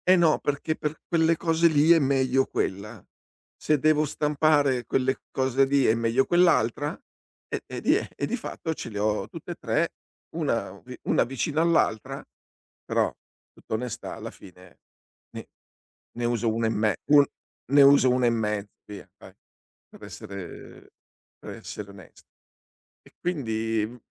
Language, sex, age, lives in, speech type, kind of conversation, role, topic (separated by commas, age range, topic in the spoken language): Italian, male, 60-64, Italy, conversation, advice, user, Perché fai fatica a eliminare gli oggetti che hai accumulato negli anni?